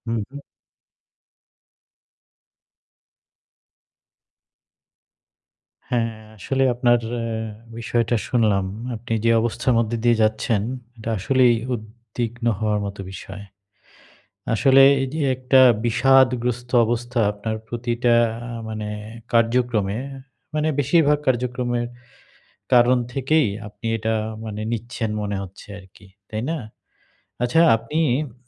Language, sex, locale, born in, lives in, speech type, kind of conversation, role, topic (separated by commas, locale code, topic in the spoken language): Bengali, male, bn-BD, Bangladesh, Bangladesh, conversation, advice, advisor, অবসন্নতা কমিয়ে কীভাবে কাজের ধারাবাহিকতা বজায় রাখতে পারি?